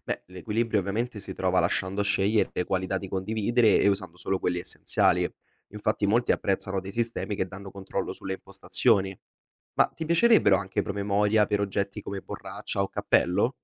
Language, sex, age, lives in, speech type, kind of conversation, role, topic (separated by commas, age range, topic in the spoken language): Italian, male, 20-24, Italy, read, expert-assistant, expert, In che modo potremmo reinventare il modo in cui riceviamo le previsioni meteo per renderle più utili nella vita quotidiana?